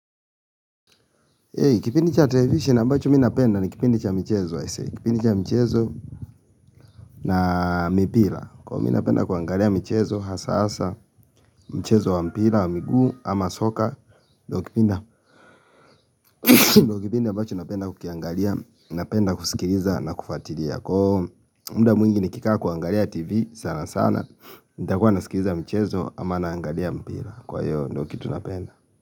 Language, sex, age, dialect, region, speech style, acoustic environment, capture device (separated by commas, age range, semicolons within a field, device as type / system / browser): Swahili, male, 25-34, Kenya - Nairobi Sheng-influenced, Kenya, happy, nature, mobile / Linux / Chrome